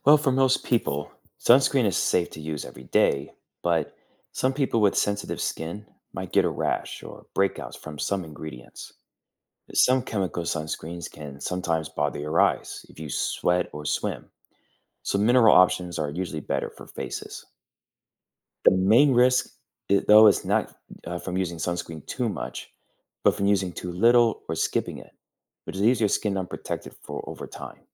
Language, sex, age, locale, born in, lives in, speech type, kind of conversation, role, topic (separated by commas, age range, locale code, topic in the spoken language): English, male, 30-34, en-US, United States, United States, read, expert-assistant, expert, How does sunscreen protect your skin from the sun?